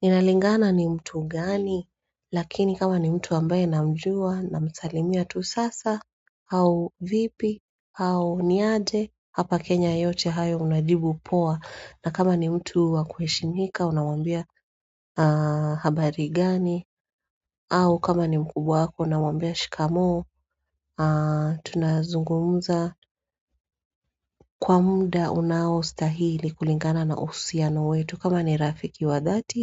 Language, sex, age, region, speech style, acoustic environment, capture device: Swahili, female, 35-44, Kenya, relaxed, silence, mobile / Android / Chrome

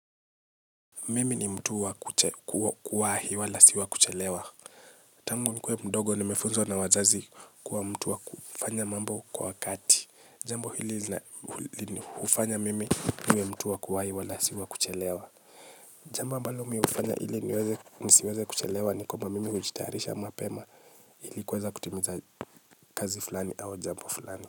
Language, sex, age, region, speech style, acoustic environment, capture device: Swahili, male, 25-34, Kenya, relaxed, silence, mobile / Linux / Chrome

